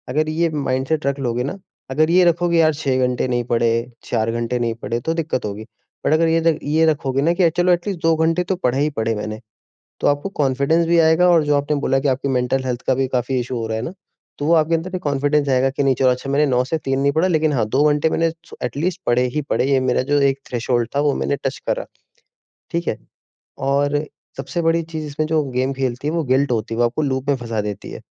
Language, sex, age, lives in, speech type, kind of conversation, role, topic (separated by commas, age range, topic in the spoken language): Hindi, male, 20-24, India, conversation, advice, advisor, मुझे रोज़ाना पढ़ने की आदत डालने में असफलता क्यों महसूस होती है?